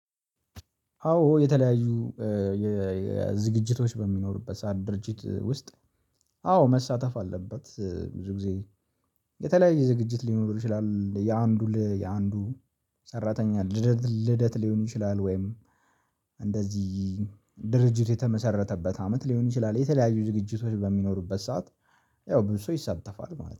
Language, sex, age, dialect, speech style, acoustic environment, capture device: Amharic, male, 18-24, Ethiopia - Addis Ababa, happy, silence, mobile / Linux / Chrome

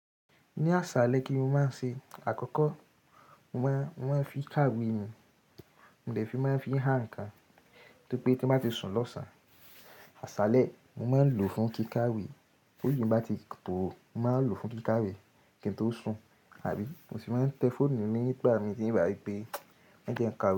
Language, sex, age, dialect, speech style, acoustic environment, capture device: Yoruba, male, 18-24, Nigeria - Ibadan, happy; relaxed, nature; silence, mobile / Linux / Chrome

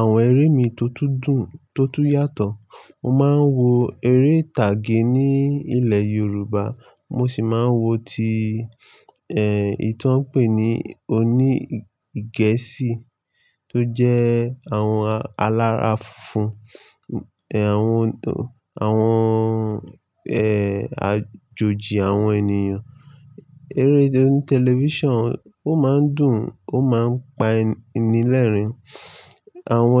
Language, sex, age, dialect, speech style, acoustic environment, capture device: Yoruba, male, 25-34, Nigeria - Lagos Yoruba, happy; excited; nervous, nature, mobile / Linux / Chrome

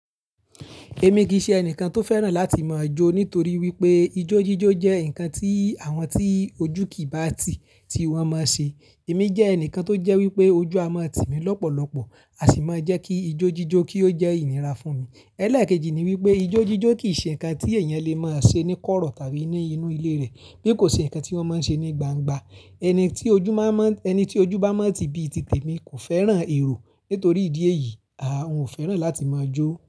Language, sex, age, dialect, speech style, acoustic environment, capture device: Yoruba, male, 25-34, Nigeria - Ibadan, relaxed, silence, mobile / Linux / Chrome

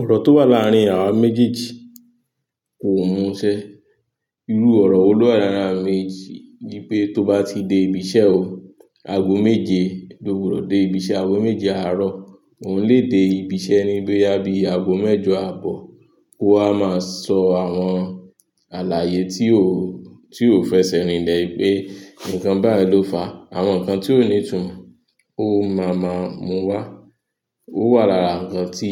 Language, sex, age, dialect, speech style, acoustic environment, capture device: Yoruba, male, 25-34, Nigeria - Nigerian Standard, tired, nature; music; people talking; construction; traffic, mobile / Linux / Chrome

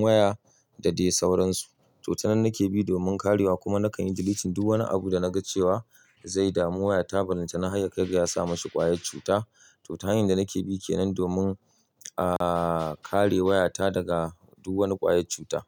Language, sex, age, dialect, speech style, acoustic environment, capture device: Hausa, male, 35-44, Nigeria - Kano, happy; tired; relaxed; stressed; focused; excited, people talking; nature; silence; appliances, mobile / Linux / Chrome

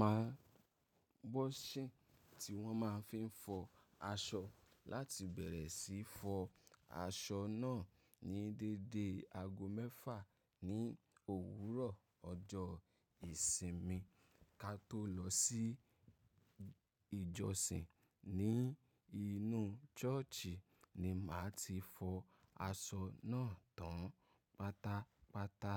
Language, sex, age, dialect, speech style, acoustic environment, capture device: Yoruba, male, 25-34, Nigeria - Ibadan, happy; excited, nature; silence, mobile / macOS / Safari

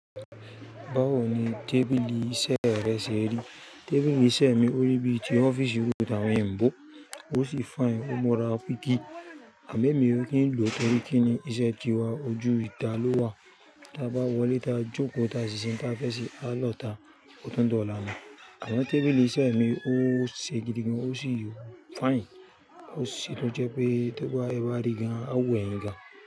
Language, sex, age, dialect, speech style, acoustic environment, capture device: Yoruba, female, 35-44, Nigeria - Lagos Yoruba, focused; happy, nature, mobile / Linux / Chrome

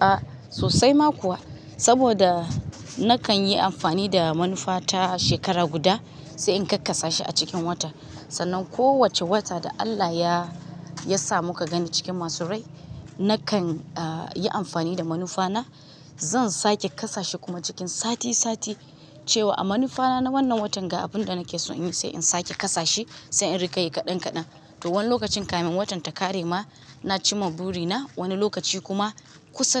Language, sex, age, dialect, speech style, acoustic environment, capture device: Hausa, female, 25-34, Nigeria - Kano, relaxed, nature, mobile / Linux / Chrome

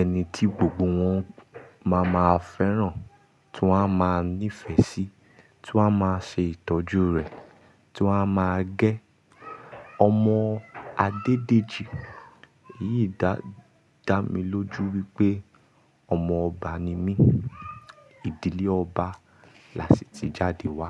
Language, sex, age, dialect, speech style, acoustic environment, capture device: Yoruba, male, 25-34, Nigeria - Ibadan, happy, silence, mobile / Linux / Chrome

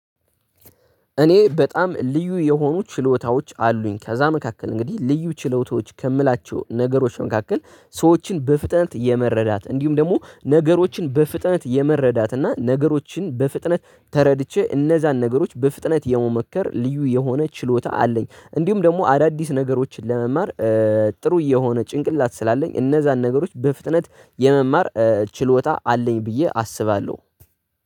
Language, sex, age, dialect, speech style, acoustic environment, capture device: Amharic, male, 18-24, Ethiopia - Addis Ababa, focused; relaxed; tired; excited; anxious; happy, nature, desktop / Linux / Chrome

